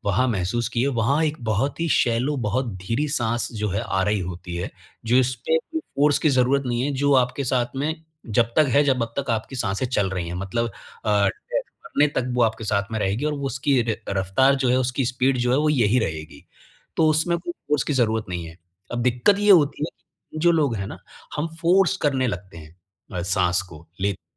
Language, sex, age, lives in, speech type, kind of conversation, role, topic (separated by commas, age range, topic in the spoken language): Hindi, male, 25-29, India, conversation, podcast, guest, Breathing exercises tum par kaise asar karte hain?